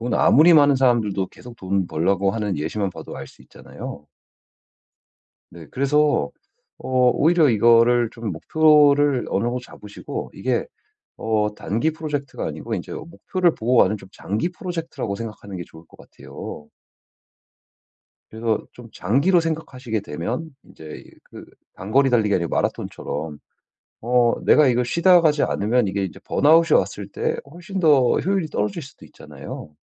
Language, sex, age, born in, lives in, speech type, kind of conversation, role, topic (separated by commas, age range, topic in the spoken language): Korean, male, 35-39, United States, United States, conversation, advice, advisor, 휴식할 때 자꾸 죄책감이 드는데 어떻게 해야 하나요?